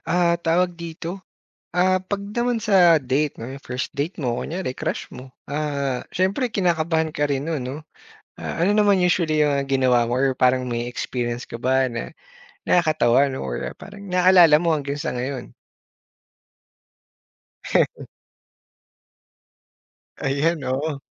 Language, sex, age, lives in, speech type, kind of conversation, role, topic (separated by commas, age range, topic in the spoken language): Filipino, male, 30-34, Philippines, conversation, podcast, host, Ano ang sinasabi mo sa sarili mo kapag kinakabahan ka?